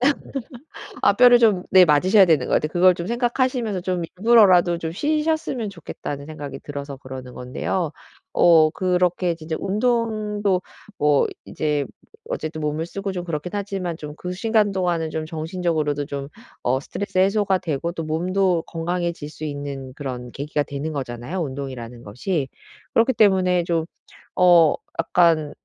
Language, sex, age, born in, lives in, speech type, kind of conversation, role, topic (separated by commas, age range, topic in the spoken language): Korean, female, 40-44, South Korea, United States, conversation, advice, advisor, 휴식 시간이 있어도 죄책감 때문에 편히 쉬지 못하면 어떻게 해야 하나요?